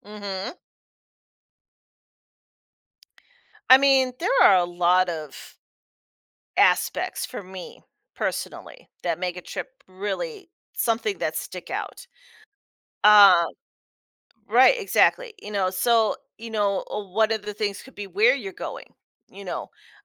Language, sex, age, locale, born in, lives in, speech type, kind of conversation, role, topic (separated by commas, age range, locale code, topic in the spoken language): English, female, 45-49, en-US, United States, United States, conversation, podcast, guest, What kinds of travel experiences leave a lasting impact on you?